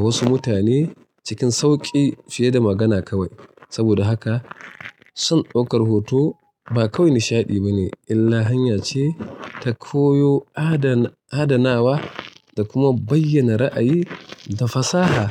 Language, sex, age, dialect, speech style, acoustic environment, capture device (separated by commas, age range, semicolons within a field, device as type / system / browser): Hausa, male, 35-44, Nigeria - Kano, relaxed, nature; silence, mobile / Linux / Chrome